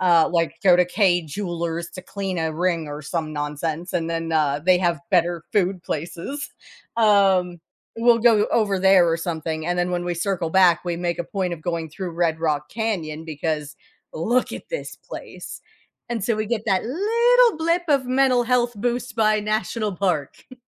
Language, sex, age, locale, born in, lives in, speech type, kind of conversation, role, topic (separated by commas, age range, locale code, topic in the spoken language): English, female, 35-39, en-US, United States, United States, conversation, podcast, host, How can parks and natural areas improve mental health?